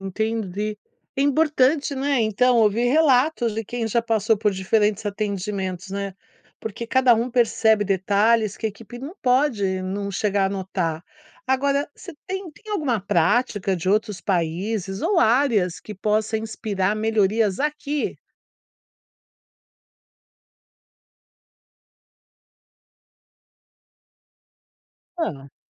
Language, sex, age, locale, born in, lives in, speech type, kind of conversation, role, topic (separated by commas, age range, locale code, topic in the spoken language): Portuguese, female, 60-64, pt-BR, Brazil, United States, read, expert-assistant, asker, Como poderíamos reinventar a consulta médica para torná-la mais acolhedora e prática para os pacientes?